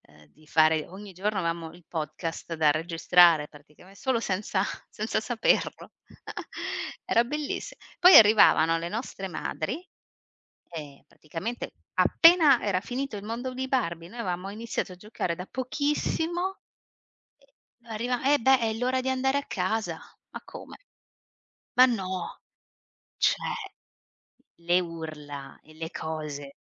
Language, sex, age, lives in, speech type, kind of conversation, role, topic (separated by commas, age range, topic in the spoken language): Italian, female, 35-39, Italy, conversation, unstructured, none, Qual è un ricordo felice della tua infanzia?